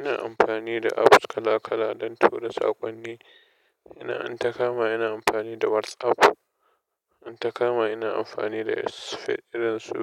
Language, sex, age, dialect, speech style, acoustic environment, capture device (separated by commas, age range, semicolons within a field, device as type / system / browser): Hausa, male, 25-34, Nigeria - Nigerian Standard, happy; relaxed, appliances, desktop / Linux / Chrome